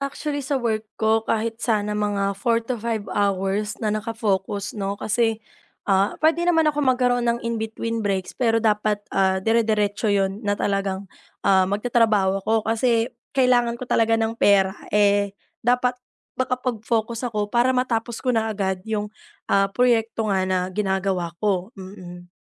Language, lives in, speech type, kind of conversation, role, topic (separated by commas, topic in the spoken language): Filipino, Philippines, conversation, advice, user, Paano ako mananatiling nakapokus kahit maraming distraksyon sa paligid?